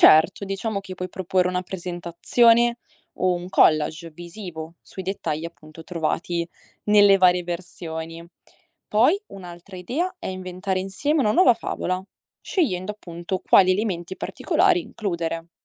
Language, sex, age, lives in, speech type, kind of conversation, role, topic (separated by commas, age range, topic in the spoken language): Italian, female, 20-24, Italy, read, expert-assistant, expert, Come si possono esplorare in modo coinvolgente le differenze tra versioni di una stessa favola?